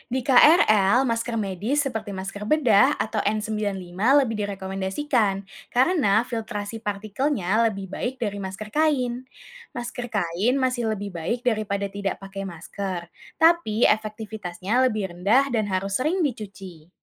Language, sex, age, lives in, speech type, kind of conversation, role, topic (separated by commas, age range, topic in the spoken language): Indonesian, female, 20-24, Indonesia, read, expert-assistant, expert, Ada banyak pendapat tentang efektivitas masker dalam mencegah penularan penyakit. Bagaimana cara mengetahui informasi mana yang benar di tengah perdebatan publik ini?